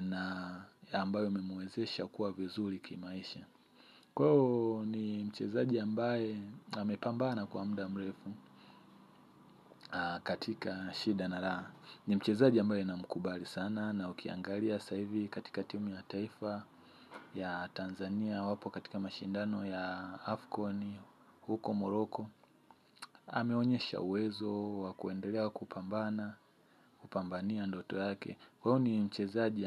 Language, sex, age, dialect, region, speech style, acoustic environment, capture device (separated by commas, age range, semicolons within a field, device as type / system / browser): Swahili, male, 25-34, Tanzania - Dar es Salaam, Tanzania, happy, nature; silence, mobile / Linux / Chrome